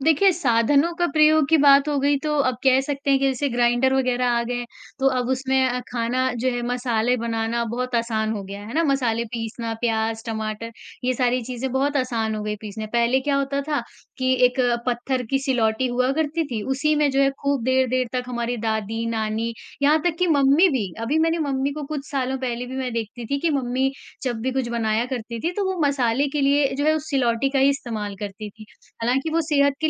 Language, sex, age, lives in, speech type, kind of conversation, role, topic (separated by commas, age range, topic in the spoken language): Hindi, female, 40-44, India, conversation, podcast, guest, कम समय में स्वादिष्ट खाना बनाने के आपके क्या सुझाव हैं?